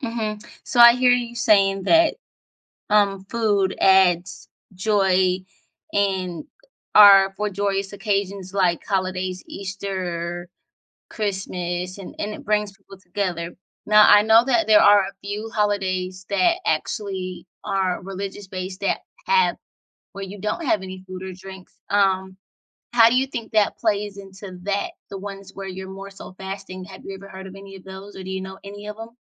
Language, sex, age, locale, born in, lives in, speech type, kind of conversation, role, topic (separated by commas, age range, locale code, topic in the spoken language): English, female, 25-29, en-US, United States, United States, conversation, podcast, host, How do shared meals and drinks shape our most meaningful celebrations?